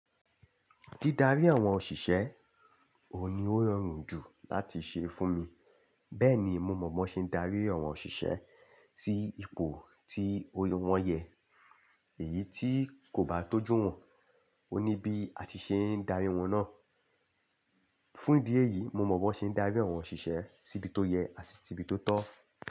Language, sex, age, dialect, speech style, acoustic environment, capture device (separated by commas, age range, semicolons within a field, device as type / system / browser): Yoruba, male, 25-34, Nigeria - Lagos Yoruba, happy, silence; nature, desktop / Linux / Chrome